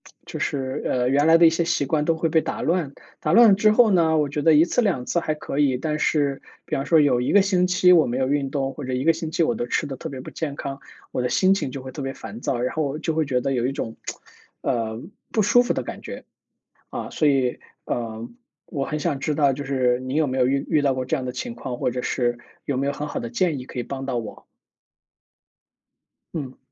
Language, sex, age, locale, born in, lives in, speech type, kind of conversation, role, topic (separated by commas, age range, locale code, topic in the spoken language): Chinese, male, 35-39, zh-CN, China, United States, conversation, advice, user, 出差或假期总把你的习惯打乱时，你通常会遇到哪些情况？